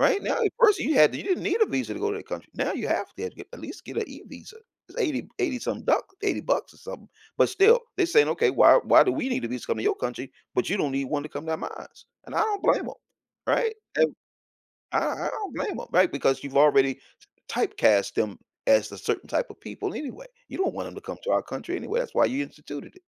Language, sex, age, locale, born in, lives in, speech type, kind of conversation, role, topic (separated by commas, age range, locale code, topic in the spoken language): English, male, 55-59, en-US, United States, United States, conversation, podcast, guest, How can connecting with locals enrich your travel experiences?